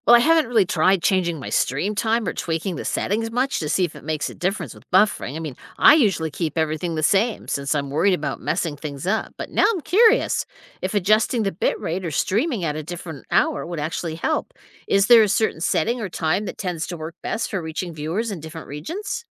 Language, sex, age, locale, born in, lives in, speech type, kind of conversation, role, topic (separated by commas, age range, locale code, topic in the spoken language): English, female, 55-59, en-US, United States, United States, read, expert-assistant, asker, What is causing my livestream to lag or freeze, and how can I diagnose and fix it?